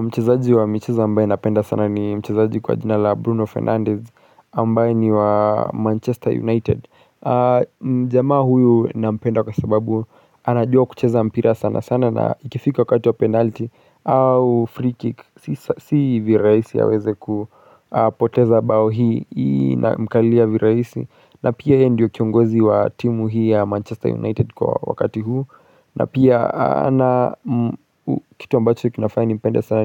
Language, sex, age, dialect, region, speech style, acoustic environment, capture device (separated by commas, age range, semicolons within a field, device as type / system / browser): Swahili, male, 35-44, Kenya - Nairobi Sheng-influenced, Kenya, relaxed, silence, mobile / Linux / Chrome